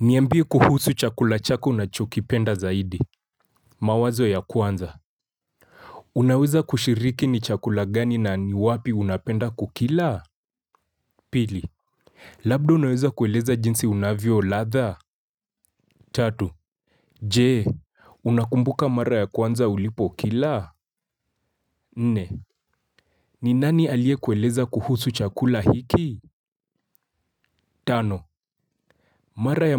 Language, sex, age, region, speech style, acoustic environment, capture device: Swahili, male, 25-34, Kenya, focused, silence, mobile / Linux / Chrome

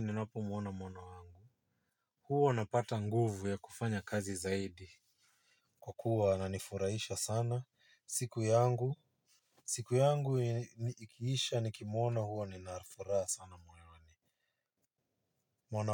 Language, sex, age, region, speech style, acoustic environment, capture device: Swahili, male, 25-34, Kenya, relaxed; focused, nature; silence, mobile / Linux / Chrome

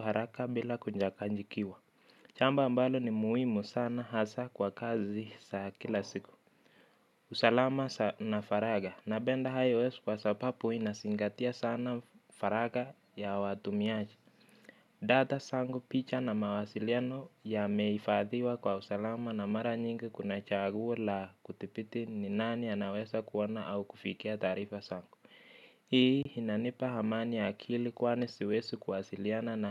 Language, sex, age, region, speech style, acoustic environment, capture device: Swahili, male, 25-34, Kenya, relaxed, silence, mobile / Linux / Chrome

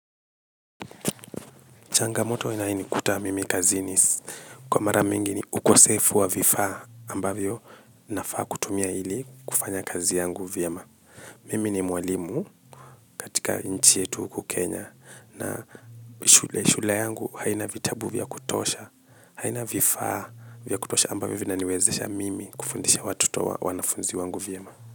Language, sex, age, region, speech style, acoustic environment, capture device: Swahili, male, 25-34, Kenya, tired; relaxed, silence, mobile / Linux / Chrome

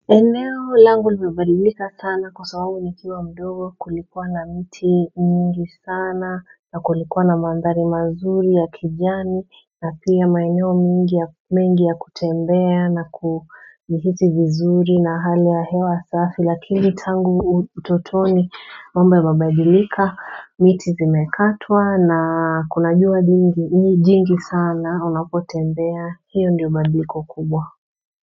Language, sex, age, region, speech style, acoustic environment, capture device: Swahili, female, 35-44, Kenya, relaxed, silence, mobile / Android / Chrome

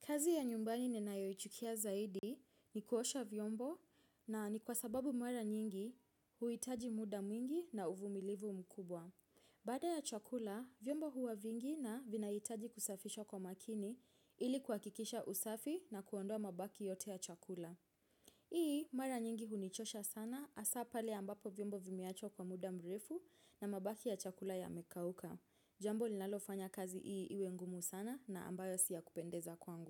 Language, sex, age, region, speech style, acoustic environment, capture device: Swahili, female, 25-34, Kenya, focused, silence, mobile / Linux / Chrome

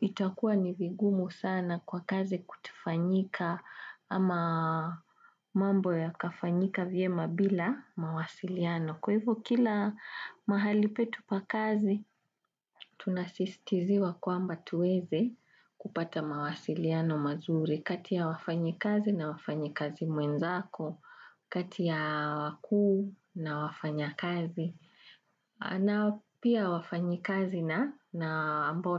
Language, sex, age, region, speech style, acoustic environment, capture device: Swahili, female, 35-44, Kenya, relaxed, traffic; construction, desktop / Windows / Chrome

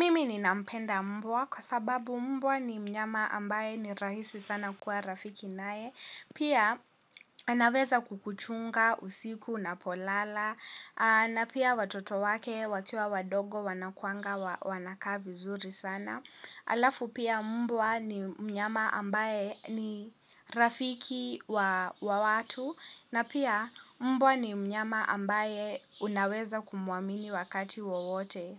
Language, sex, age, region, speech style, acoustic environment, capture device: Swahili, female, 18-24, Kenya, happy, people talking, mobile / Linux / Chrome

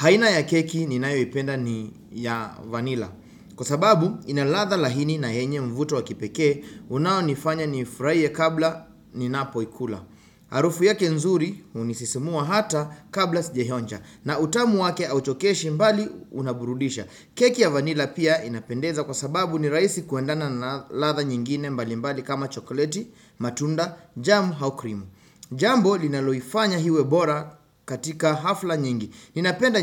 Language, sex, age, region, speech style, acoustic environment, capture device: Swahili, male, 25-34, Kenya, relaxed; focused, silence, desktop / Windows / Chrome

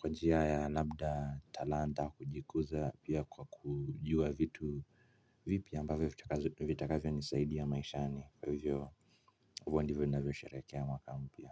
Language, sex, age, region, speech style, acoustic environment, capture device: Swahili, male, 25-34, Kenya, relaxed, silence, mobile / Linux / Chrome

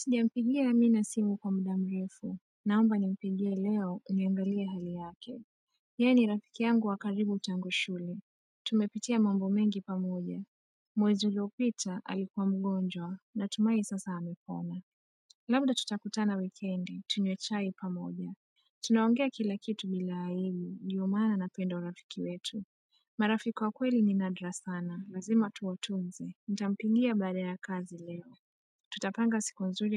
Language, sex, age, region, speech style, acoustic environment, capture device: Swahili, female, 25-34, Kenya, tired, nature, mobile / Android / Chrome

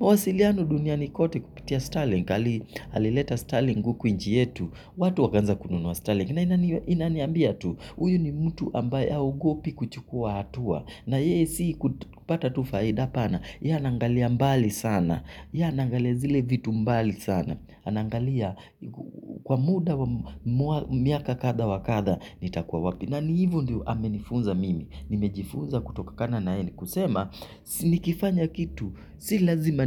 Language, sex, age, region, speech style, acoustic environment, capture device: Swahili, male, 25-34, Kenya, excited, silence, mobile / Linux / Chrome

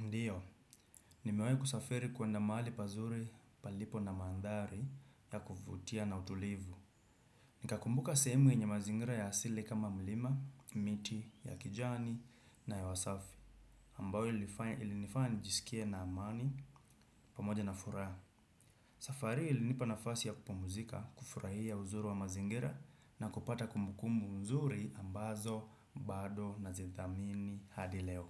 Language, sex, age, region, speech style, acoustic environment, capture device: Swahili, male, 25-34, Kenya, relaxed, silence, desktop / Windows / Chrome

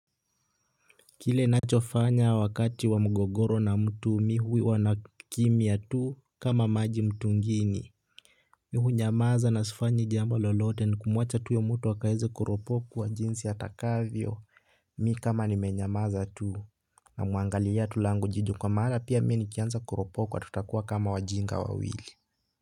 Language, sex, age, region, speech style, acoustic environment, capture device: Swahili, male, 18-24, Kenya, happy, nature, desktop / Linux / Chrome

